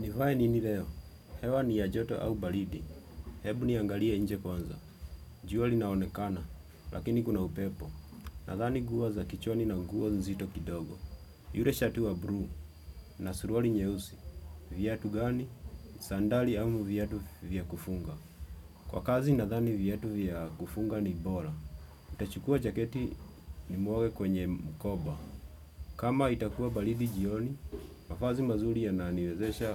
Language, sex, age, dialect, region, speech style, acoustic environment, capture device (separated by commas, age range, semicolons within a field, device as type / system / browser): Swahili, male, 25-34, Kenya - Nairobi Sheng-influenced, Kenya, happy, silence, desktop / Windows / Chrome